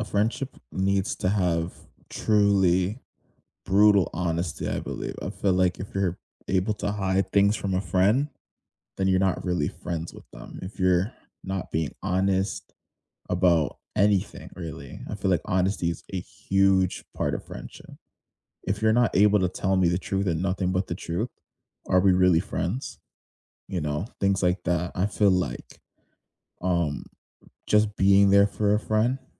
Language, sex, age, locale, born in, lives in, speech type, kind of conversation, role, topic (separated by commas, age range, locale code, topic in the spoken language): English, male, 20-24, en-CA, Canada, Canada, conversation, unstructured, none, What makes a friendship last over time?